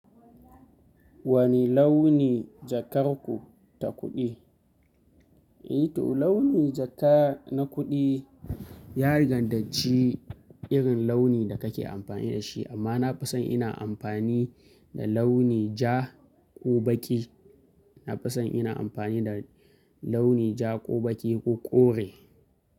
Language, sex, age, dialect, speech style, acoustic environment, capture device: Hausa, male, 25-34, Nigeria - Kano, happy, nature, mobile / Linux / Chrome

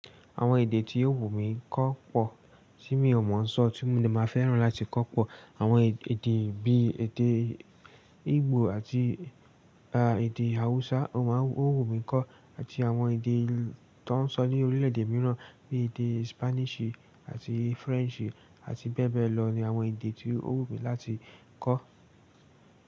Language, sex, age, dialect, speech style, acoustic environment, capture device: Yoruba, male, 18-24, Nigeria - Lagos Yoruba, excited; focused, silence, desktop / Linux / Chrome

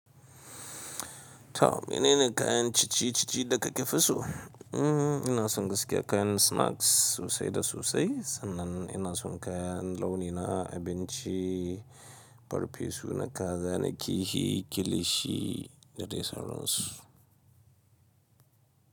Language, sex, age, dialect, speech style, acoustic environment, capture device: Hausa, male, 25-34, Nigeria - Kano, relaxed, silence, mobile / Linux / Chrome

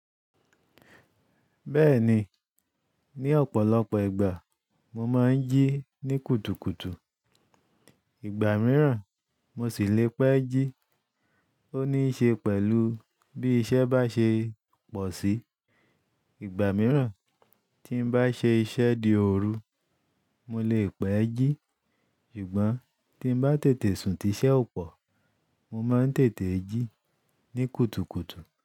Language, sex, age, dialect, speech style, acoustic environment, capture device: Yoruba, male, 35-44, Nigeria - Ibadan, focused, silence, desktop / Linux / Chrome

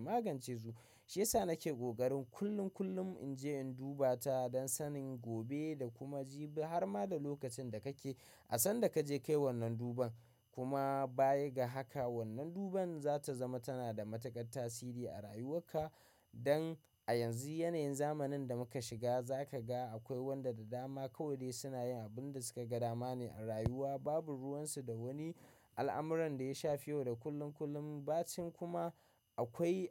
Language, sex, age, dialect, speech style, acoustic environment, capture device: Hausa, male, 25-34, Nigeria - Kano, happy, silence, mobile / macOS / Safari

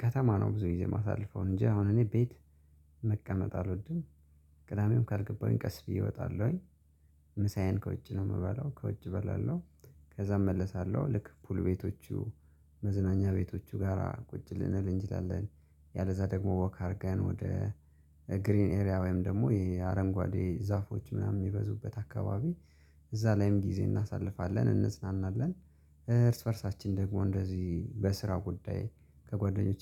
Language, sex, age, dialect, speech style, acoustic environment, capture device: Amharic, male, 25-34, Ethiopia - Gojjam, focused, nature, mobile / Linux / Chrome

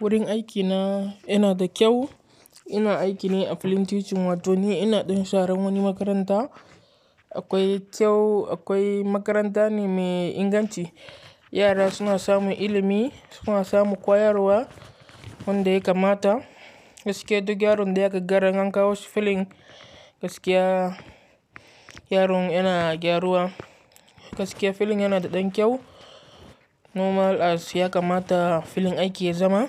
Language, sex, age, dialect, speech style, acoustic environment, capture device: Hausa, male, 18-24, Nigeria - Katsina, focused; relaxed, nature, mobile / Linux / Chrome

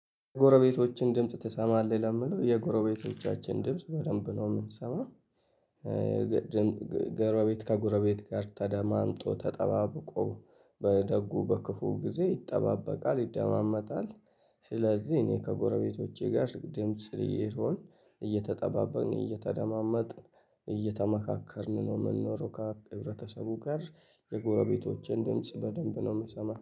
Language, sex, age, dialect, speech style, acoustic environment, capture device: Amharic, male, 25-34, Ethiopia - Addis Ababa, happy; relaxed; excited, people talking, mobile / Linux / Chrome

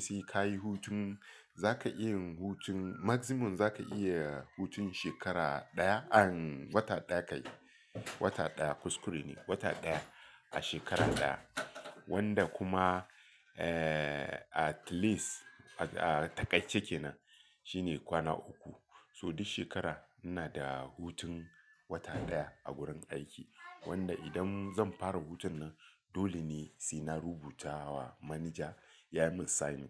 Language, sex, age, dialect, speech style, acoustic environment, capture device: Hausa, male, 35-44, Nigeria - Lagos Yoruba, relaxed, nature, mobile / Linux / Chrome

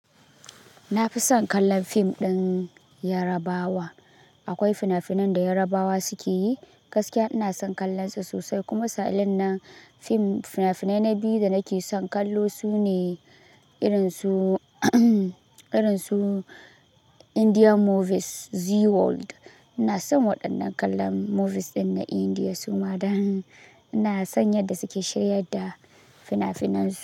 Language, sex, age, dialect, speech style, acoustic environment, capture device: Hausa, male, 18-24, Nigeria - Kano, relaxed; happy; focused; excited, silence, mobile / Linux / Chrome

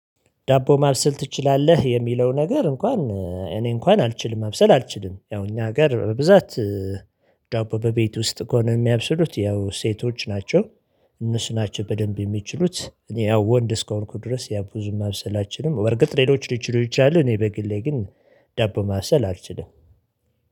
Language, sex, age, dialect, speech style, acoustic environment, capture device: Amharic, male, 35-44, Ethiopia - Addis Ababa, excited, nature, mobile / Linux / Chrome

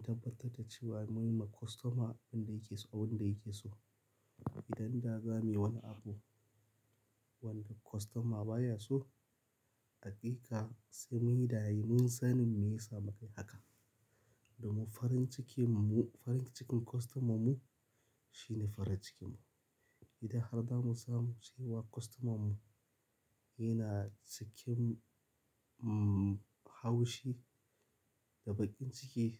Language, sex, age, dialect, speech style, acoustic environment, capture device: Hausa, male, 25-34, Nigeria - Kano, happy; focused, silence, mobile / Linux / Chrome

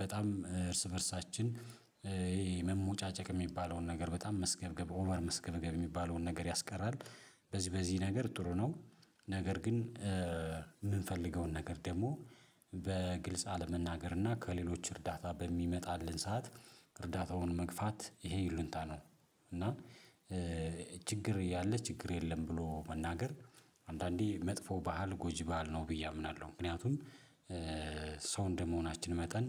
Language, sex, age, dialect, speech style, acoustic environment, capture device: Amharic, male, 35-44, Ethiopia - Addis Ababa, relaxed, silence, mobile / Linux / Chrome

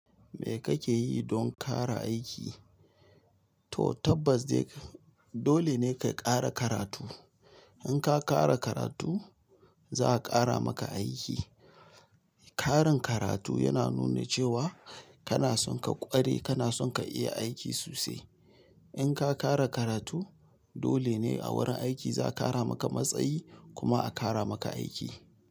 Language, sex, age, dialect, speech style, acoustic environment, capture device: Hausa, male, 35-44, Nigeria - Nigerian Standard, tired, silence, mobile / Linux / Chrome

